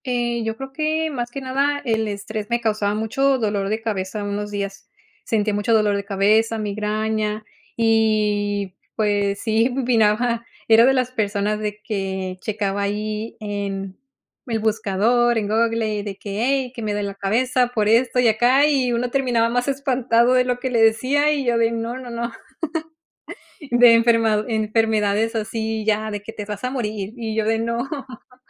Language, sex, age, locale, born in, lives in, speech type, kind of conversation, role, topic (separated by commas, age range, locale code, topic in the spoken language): Spanish, female, 30-34, es-MX, Mexico, United States, conversation, podcast, guest, ¿Qué haces para desconectar de lo digital y descansar?